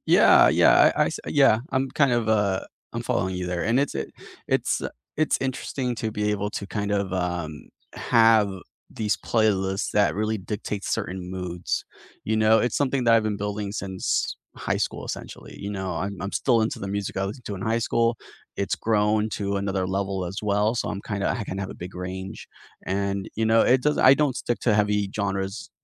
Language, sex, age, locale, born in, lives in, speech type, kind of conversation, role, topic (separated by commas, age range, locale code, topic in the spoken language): English, male, 30-34, en-US, United States, United States, conversation, unstructured, none, What is the one gadget you can’t live without this year?